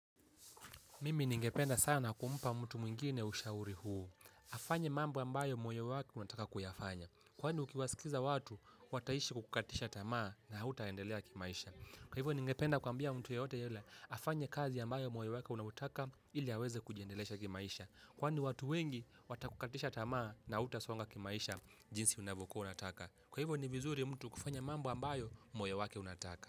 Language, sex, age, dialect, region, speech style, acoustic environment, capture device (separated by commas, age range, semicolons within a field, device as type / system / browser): Swahili, male, 18-24, Kenya - Mombasa, Kenya, relaxed; happy; focused, people talking; nature, mobile / Linux / Chrome